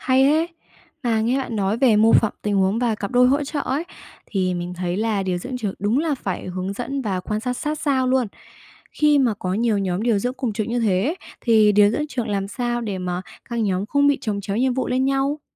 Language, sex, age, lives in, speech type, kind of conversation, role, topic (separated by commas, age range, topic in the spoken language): Vietnamese, female, 20-24, Vietnam, read, expert-assistant, asker, Nếu coi bệnh viện như một chiếc xe buýt đông người, bạn nghĩ vai trò của người quản lý bệnh viện giống với ai nhất trên chuyến xe đó? Vì sao?